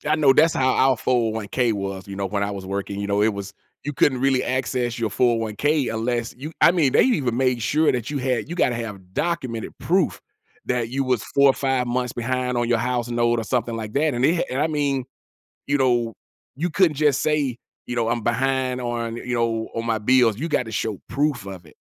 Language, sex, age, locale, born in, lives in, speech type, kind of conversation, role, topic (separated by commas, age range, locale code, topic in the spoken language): English, male, 45-49, en-US, United States, United States, conversation, podcast, host, What steps can you take to protect yourself financially from life's unexpected challenges?